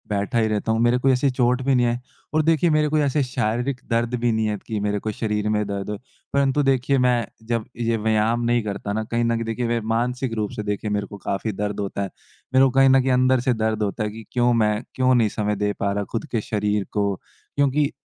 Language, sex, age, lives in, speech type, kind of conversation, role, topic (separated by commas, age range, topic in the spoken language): Hindi, male, 20-24, India, conversation, advice, user, घर पर व्यायाम करने के लिए जगह और उपकरण कम होने पर मैं क्या कर सकता/सकती हूँ?